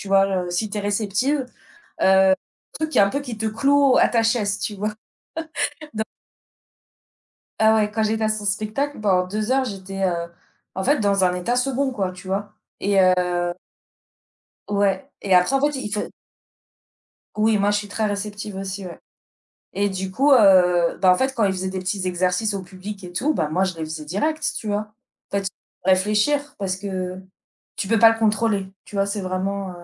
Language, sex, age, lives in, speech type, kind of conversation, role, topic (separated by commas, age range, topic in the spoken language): French, female, 35-39, France, conversation, unstructured, none, Quels petits gestes fais-tu chaque jour pour te sentir mieux ?